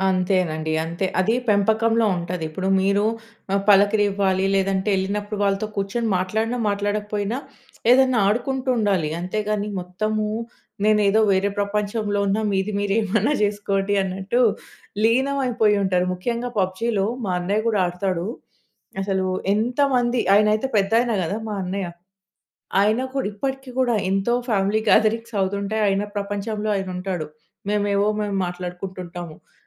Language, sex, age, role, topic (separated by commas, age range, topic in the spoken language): Telugu, female, 30-34, host, మీరు పిల్లల తెర సమయాన్ని ఎలా నియంత్రిస్తారు? సాధారణ పద్ధతులు చెప్పగలరా?